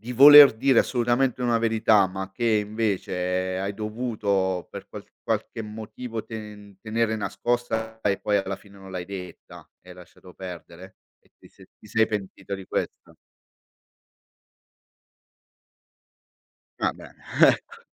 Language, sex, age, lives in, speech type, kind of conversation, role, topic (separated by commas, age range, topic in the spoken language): Italian, male, 45-49, Italy, conversation, podcast, host, Come capisci quando è il momento giusto di dire la verità, anche se è scomoda?